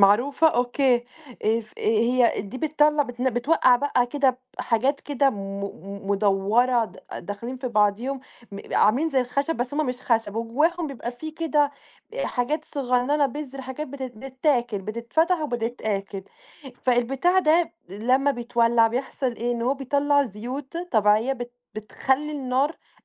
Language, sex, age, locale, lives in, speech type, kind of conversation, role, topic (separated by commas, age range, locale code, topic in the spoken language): Arabic, female, 20-24, ar-EG, Italy, conversation, unstructured, none, إزاي العيد بيجمع العيلة والأصحاب مع بعض؟